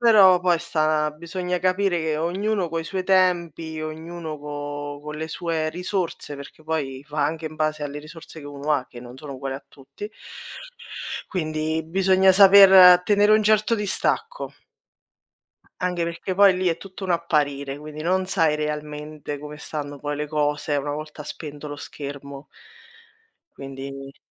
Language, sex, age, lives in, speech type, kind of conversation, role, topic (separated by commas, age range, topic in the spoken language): Italian, female, 30-34, Italy, conversation, unstructured, none, Come gestisci lo stress nelle attività quotidiane?